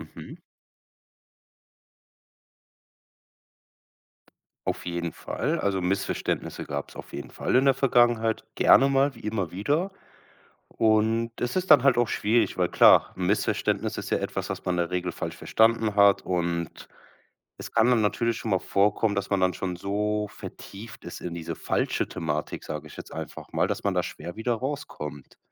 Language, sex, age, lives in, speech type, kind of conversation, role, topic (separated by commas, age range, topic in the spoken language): German, male, 25-29, Germany, conversation, podcast, guest, Wie sprichst du mit Freunden über persönliche Grenzen?